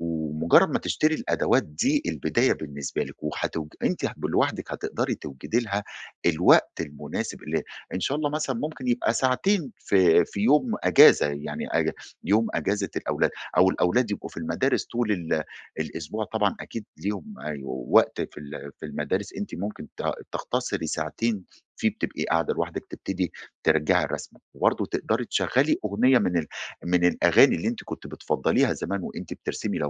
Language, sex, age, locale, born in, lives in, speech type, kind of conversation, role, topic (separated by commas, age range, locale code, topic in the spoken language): Arabic, male, 40-44, ar-EG, Egypt, Egypt, conversation, advice, advisor, إزاي ألاقي وقت لهواياتي مع شغل وضغط ومسؤوليات البيت؟